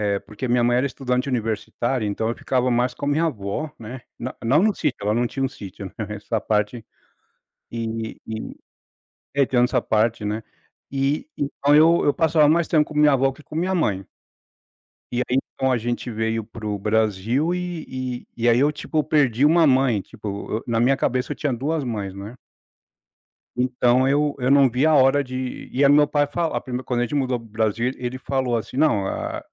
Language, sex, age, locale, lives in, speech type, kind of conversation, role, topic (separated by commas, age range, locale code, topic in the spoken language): Portuguese, male, 40-44, pt-BR, United States, conversation, podcast, guest, Qual programa infantil mais marcou a sua geração?